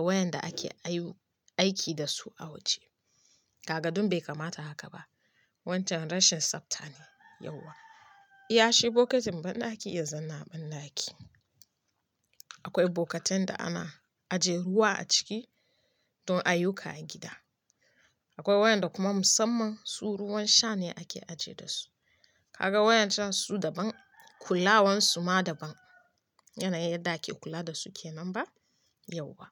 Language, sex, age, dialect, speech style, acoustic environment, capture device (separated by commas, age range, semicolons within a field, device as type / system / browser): Hausa, female, 25-34, Nigeria - Kano, happy; focused, music; people talking; nature, mobile / Linux / Chrome